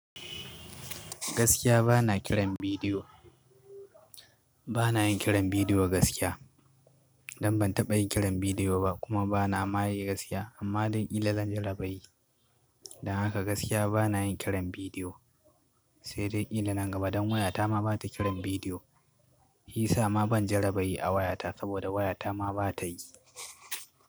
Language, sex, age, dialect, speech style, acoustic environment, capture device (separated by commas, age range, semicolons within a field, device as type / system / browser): Hausa, male, 25-34, Nigeria - Katsina, nervous, construction, mobile / Linux / Chrome